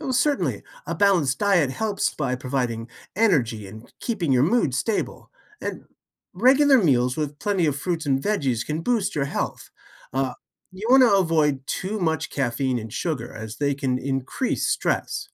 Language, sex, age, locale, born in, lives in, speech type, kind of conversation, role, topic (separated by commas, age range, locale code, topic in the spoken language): English, male, 55-59, en-US, United States, United States, read, expert-assistant, expert, What are effective ways to reduce stress?